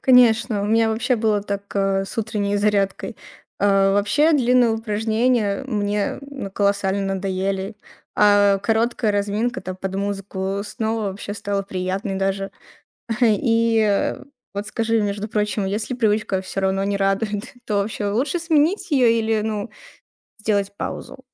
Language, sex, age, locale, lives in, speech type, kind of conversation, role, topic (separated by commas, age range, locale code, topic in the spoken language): Russian, female, 20-24, ru-RU, Estonia, read, expert-assistant, asker, Как устроены привычки, которые помогают быть продуктивнее каждый день?